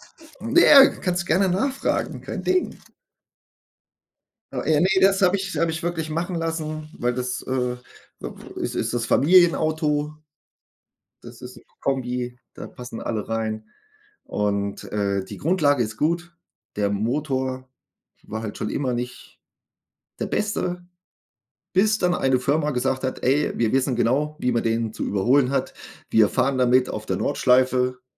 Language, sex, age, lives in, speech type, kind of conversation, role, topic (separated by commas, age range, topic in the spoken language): German, male, 40-44, Germany, conversation, unstructured, none, Wie siehst du die Zukunft von Elektroautos?